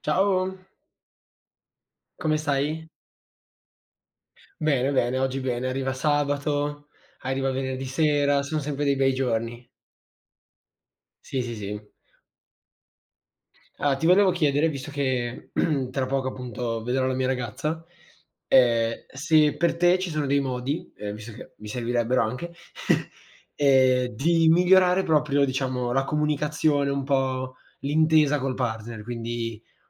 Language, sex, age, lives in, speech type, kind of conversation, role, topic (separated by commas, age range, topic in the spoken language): Italian, male, 18-19, Italy, conversation, unstructured, none, Come si può migliorare la comunicazione con il partner?